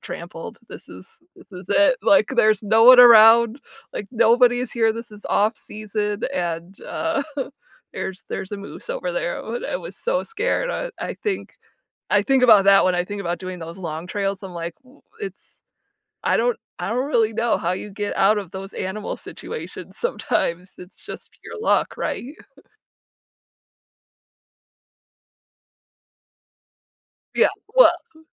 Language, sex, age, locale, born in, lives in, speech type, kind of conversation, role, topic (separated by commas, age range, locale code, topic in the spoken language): English, female, 35-39, en-US, United States, United States, conversation, unstructured, none, What’s a hobby that really shows who you are?